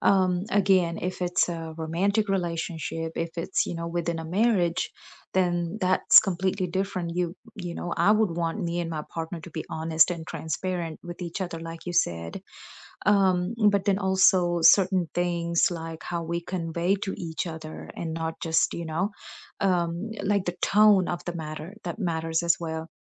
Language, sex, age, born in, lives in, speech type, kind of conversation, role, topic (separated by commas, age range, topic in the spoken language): English, female, 35-39, India, United States, conversation, unstructured, none, Which personal boundaries help you feel more connected, cared for, and safe in relationships?